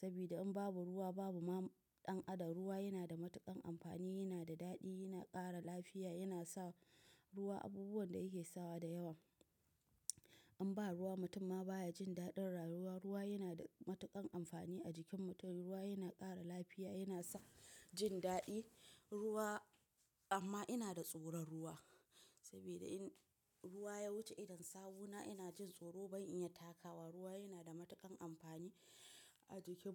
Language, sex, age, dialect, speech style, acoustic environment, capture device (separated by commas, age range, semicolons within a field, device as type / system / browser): Hausa, female, 25-34, Nigeria - Nigerian Standard, tired; relaxed; focused, nature; silence; appliances, mobile / macOS / Safari